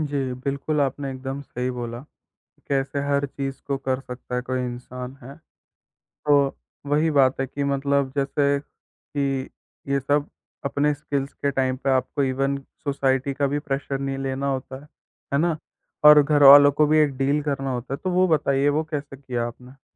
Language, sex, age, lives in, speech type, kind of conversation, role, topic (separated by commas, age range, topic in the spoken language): Hindi, male, 18-19, India, conversation, podcast, host, Tumne pehli baar kaunsa hunar khud seekha, aur tumhara anubhav kaisa raha?